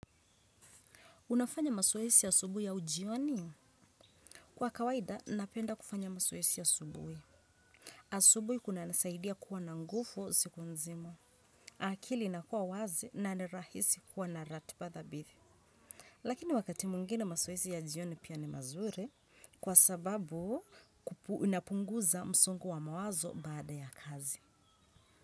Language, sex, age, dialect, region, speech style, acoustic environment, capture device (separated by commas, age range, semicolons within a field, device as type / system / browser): Swahili, female, 25-34, Kenya - Mombasa, Kenya, stressed, silence, desktop / Windows / Chrome